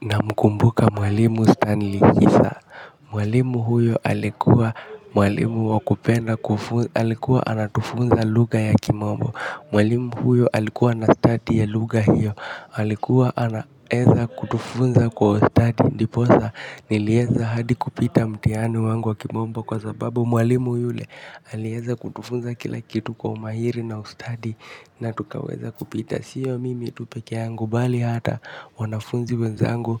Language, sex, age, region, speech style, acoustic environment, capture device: Swahili, male, 18-24, Kenya, focused, nature, mobile / Linux / Chrome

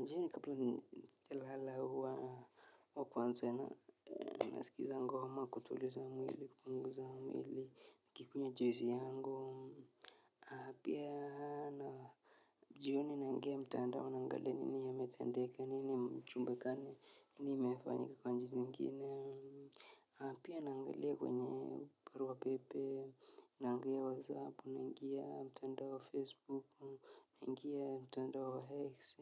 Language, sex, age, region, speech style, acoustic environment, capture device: Swahili, male, 25-34, Kenya, relaxed, nature, mobile / Linux / Chrome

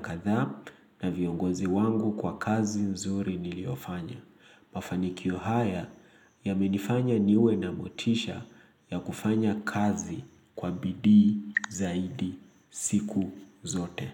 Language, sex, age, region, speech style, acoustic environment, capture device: Swahili, male, 25-34, Kenya, excited, nature, mobile / Linux / Chrome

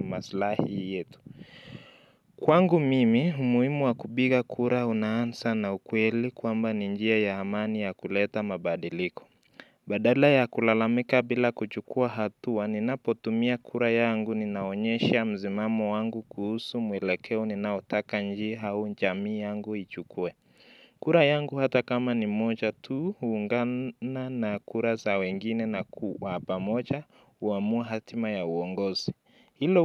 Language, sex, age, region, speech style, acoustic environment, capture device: Swahili, male, 25-34, Kenya, relaxed, nature, mobile / Linux / Chrome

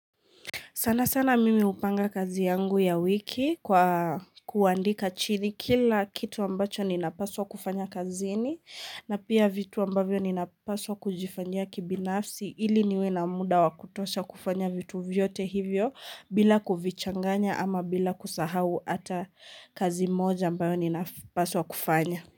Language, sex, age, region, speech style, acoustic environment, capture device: Swahili, female, 18-24, Kenya, happy, people talking, mobile / Linux / Chrome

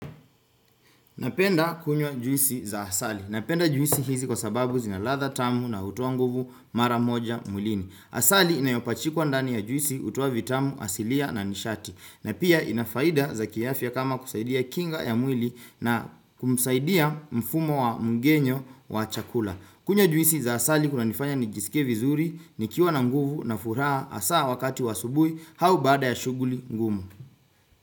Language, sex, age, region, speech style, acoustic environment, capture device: Swahili, male, 25-34, Kenya, focused; relaxed, silence, desktop / Windows / Chrome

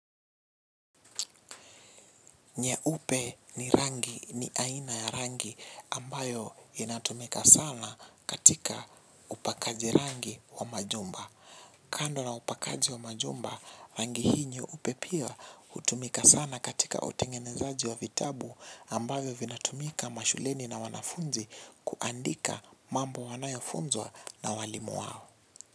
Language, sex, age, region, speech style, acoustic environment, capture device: Swahili, male, 18-24, Kenya, relaxed, nature, mobile / Linux / Chrome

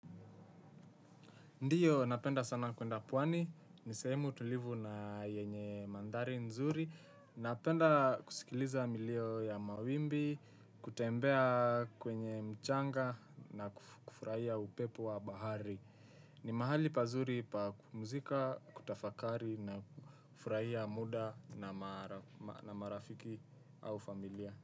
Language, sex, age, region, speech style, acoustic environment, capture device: Swahili, male, 18-24, Kenya, relaxed; happy; focused, silence, desktop / Windows / Chrome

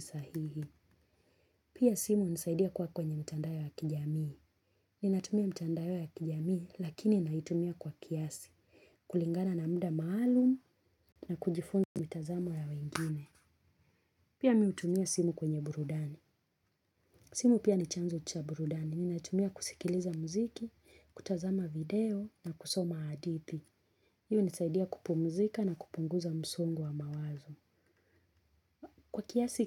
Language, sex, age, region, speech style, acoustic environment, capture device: Swahili, female, 25-34, Kenya, relaxed, silence, mobile / Linux / Chrome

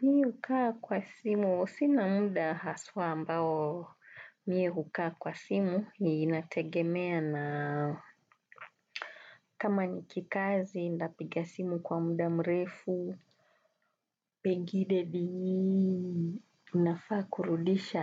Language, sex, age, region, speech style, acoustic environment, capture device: Swahili, female, 35-44, Kenya, relaxed, people talking, desktop / Windows / Chrome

